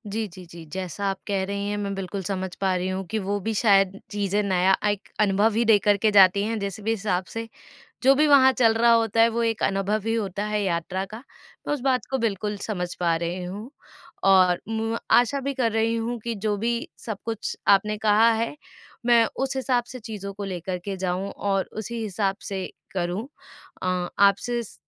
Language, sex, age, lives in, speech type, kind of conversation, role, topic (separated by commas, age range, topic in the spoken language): Hindi, female, 25-29, India, conversation, advice, user, यात्रा के दौरान अनिश्चितता और चिंता को कैसे कम किया जा सकता है?